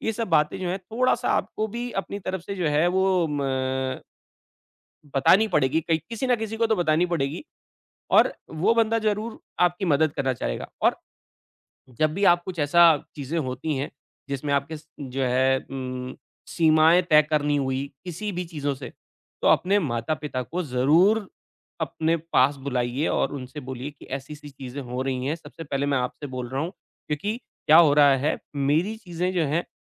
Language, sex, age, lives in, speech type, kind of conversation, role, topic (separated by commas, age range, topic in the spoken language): Hindi, male, 40-44, India, conversation, advice, advisor, परिवार की मुलाकातों में रिश्ते खराब किए बिना अपनी सीमाएँ कैसे तय करूँ?